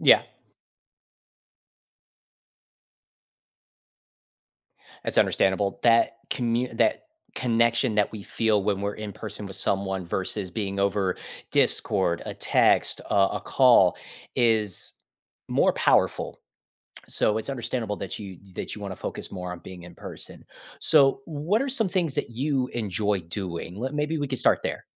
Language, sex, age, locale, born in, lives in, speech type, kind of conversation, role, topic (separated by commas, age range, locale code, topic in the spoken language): English, male, 30-34, en-US, United States, United States, conversation, advice, advisor, How can I make meaningful friends and feel less lonely after moving to a new city?